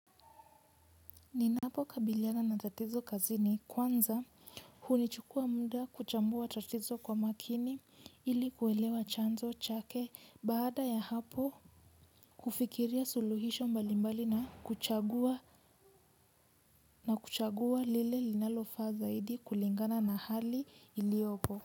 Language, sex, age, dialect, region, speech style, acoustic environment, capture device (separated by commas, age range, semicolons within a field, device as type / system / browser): Swahili, female, 18-24, Kenya - Nairobi Sheng-influenced, Kenya, relaxed, silence, mobile / Linux / Chrome